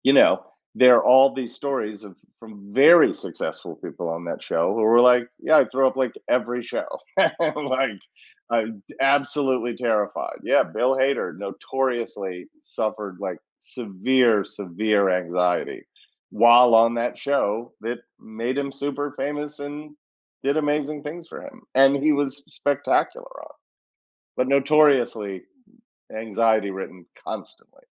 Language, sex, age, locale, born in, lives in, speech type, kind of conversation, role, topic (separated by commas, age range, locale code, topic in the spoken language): English, male, 50-54, en-US, United States, United States, conversation, unstructured, none, How do you decide when to challenge yourself versus staying in your comfort zone?